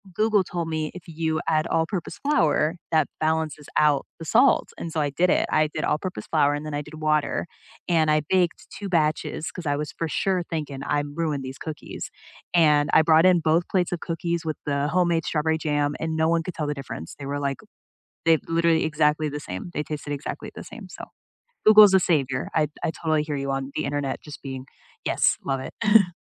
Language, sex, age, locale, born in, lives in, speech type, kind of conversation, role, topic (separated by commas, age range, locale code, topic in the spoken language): English, female, 25-29, en-US, United States, United States, conversation, unstructured, none, How did you first become interested in your favorite hobby?